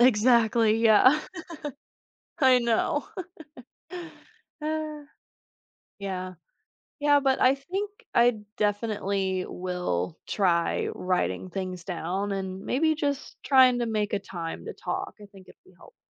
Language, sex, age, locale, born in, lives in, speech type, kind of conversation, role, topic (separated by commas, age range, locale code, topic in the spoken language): English, female, 25-29, en-US, United States, United States, conversation, advice, user, How can I reconnect with my partner?